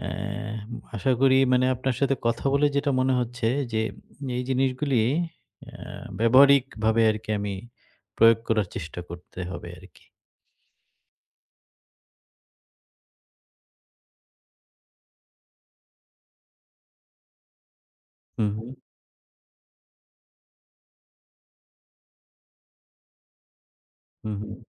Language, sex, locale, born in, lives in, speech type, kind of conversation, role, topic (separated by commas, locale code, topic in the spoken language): Bengali, male, bn-BD, Bangladesh, Bangladesh, conversation, advice, user, ব্যায়াম রুটিন শুরু করতে ইচ্ছা থাকলেও আপনি কোন বাধাগুলোর কারণে তা শুরু করতে পারছেন না?